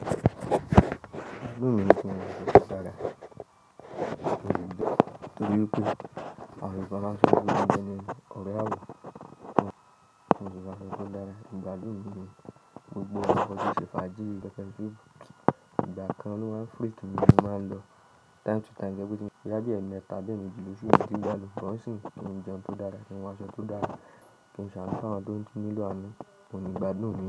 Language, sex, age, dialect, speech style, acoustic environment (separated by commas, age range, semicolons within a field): Yoruba, male, 25-34, Nigeria - Nigerian Standard, relaxed; focused, silence